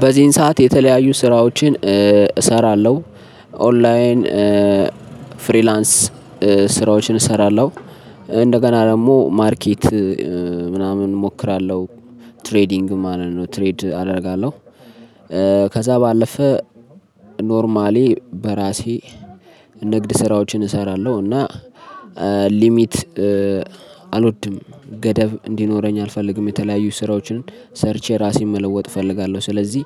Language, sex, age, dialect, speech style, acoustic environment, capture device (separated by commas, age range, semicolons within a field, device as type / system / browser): Amharic, male, 25-34, Ethiopia - Addis Ababa, happy; relaxed, people talking; nature; music, mobile / Linux / Chrome